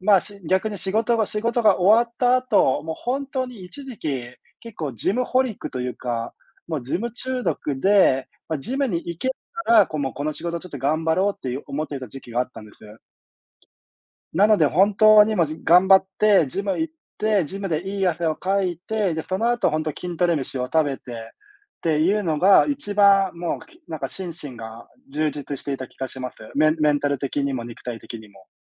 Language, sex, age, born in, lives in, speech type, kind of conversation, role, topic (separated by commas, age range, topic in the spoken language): Japanese, male, 30-34, Japan, Japan, conversation, unstructured, none, 好きなスポーツは何ですか？その理由も教えてください。